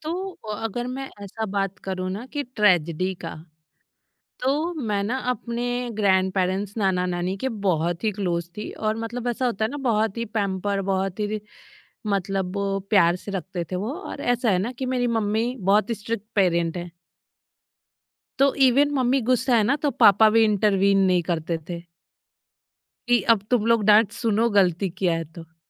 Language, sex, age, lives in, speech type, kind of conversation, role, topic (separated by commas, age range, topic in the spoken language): Hindi, female, 30-34, India, conversation, podcast, guest, Tumhari playlist mein purani yaadon wale gaane tumhare liye kya maayne rakhte hain?